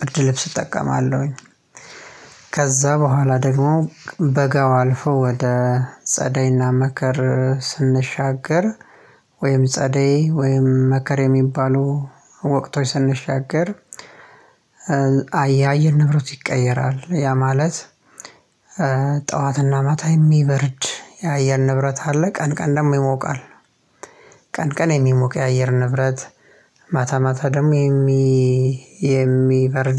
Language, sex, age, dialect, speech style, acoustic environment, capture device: Amharic, male, 25-34, Ethiopia - Addis Ababa, happy; relaxed, silence, mobile / Linux / Chrome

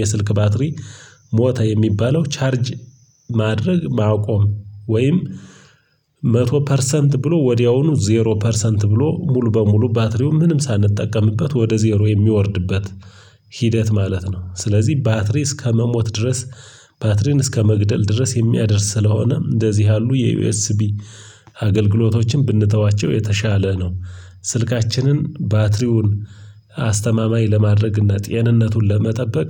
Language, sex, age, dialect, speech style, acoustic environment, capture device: Amharic, male, 25-34, Ethiopia - Gojjam, tired, silence, mobile / Linux / Chrome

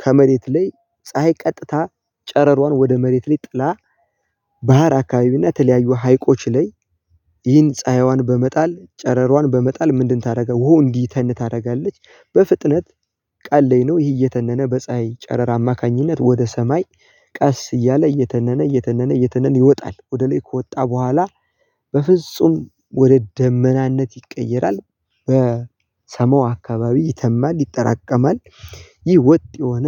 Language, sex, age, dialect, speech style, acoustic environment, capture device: Amharic, male, 18-24, Ethiopia - Gojjam, happy, people talking, mobile / Linux / Chrome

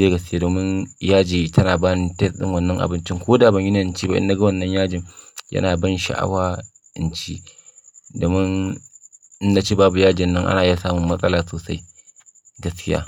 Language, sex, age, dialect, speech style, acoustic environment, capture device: Hausa, male, 25-34, Nigeria - Kano, focused, silence, mobile / Linux / Chrome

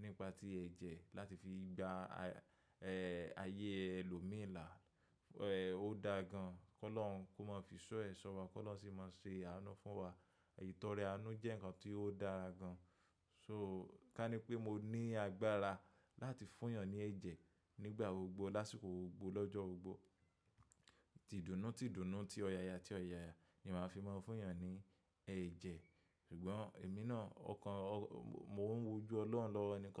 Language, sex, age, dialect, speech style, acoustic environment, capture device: Yoruba, male, 25-34, Nigeria - Lagos Yoruba, happy, appliances, mobile / macOS / Safari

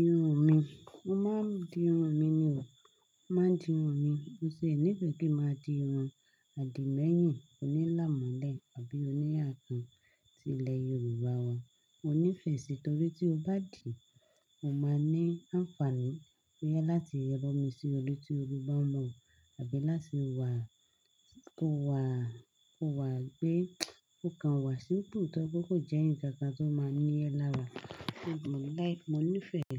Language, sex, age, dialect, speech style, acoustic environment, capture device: Yoruba, female, 25-34, Nigeria - Lagos Yoruba, happy; focused, silence, desktop / Linux / Chrome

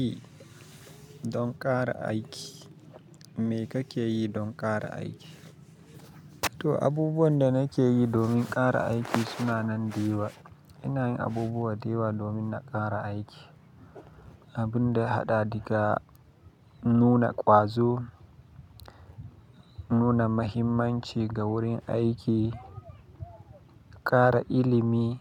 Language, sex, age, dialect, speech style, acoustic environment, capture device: Hausa, male, 25-34, Nigeria - Kano, happy, silence, mobile / Linux / Chrome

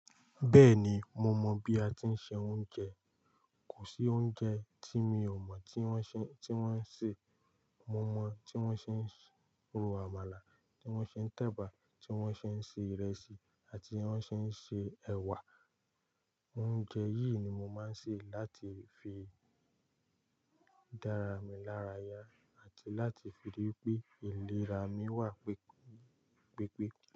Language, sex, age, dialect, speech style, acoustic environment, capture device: Yoruba, male, 18-24, Nigeria - Lagos Yoruba, focused, silence, mobile / Linux / Chrome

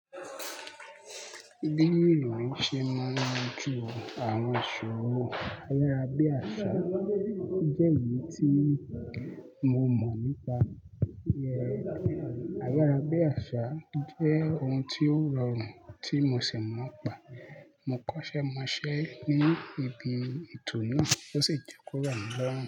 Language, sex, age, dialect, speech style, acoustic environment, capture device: Yoruba, male, 25-34, Nigeria - Lagos Yoruba, happy, people talking; nature; traffic, mobile / Linux / Chrome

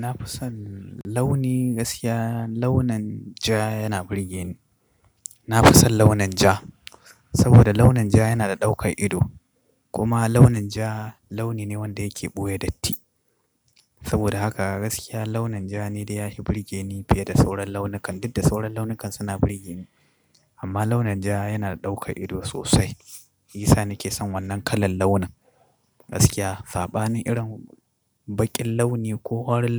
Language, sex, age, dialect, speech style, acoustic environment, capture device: Hausa, male, 25-34, Nigeria - Katsina, focused, appliances, mobile / Linux / Chrome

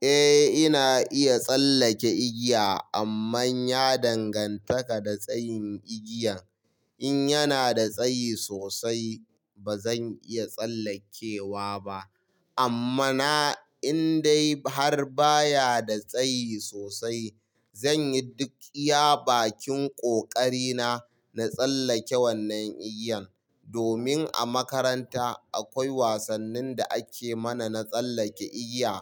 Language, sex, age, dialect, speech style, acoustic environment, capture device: Hausa, male, 25-34, Nigeria - Kano, happy; relaxed; excited; focused, silence; nature, mobile / Linux / Chrome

